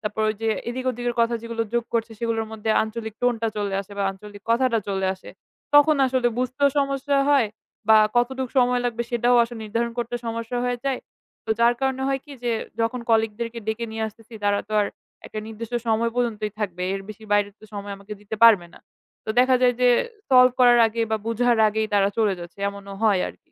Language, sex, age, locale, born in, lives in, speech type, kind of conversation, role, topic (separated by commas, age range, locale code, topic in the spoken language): Bengali, female, 20-24, bn-BD, Bangladesh, Bangladesh, conversation, advice, user, ভাষাগত বাধার কারণে কাজ বা সামাজিক মেলামেশায় আপনি কী ধরনের অসুবিধা অনুভব করছেন?